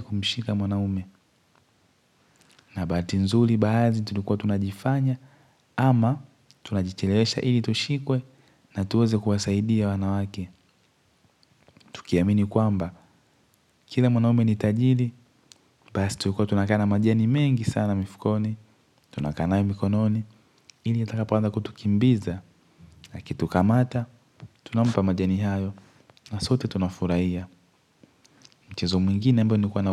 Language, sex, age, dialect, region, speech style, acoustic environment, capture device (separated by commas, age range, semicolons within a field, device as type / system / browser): Swahili, male, 18-24, Tanzania - Dar es Salaam, Tanzania, happy; focused; excited, nature; silence, mobile / Linux / Chrome